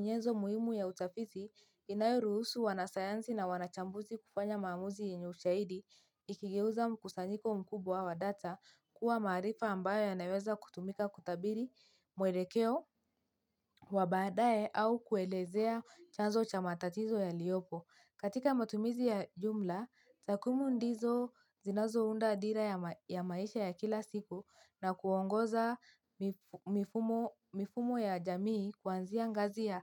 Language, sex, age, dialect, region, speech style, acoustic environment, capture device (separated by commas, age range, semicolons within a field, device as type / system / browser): Swahili, female, 18-24, Kenya - Mombasa, Kenya, happy; tired, silence, mobile / Linux / Chrome